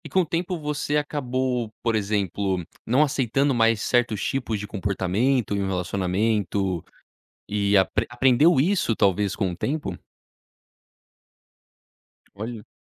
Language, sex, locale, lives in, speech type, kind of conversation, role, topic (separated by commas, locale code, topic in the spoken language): Portuguese, male, pt-BR, United States, conversation, podcast, host, Quando você sabe que é hora de terminar um relacionamento?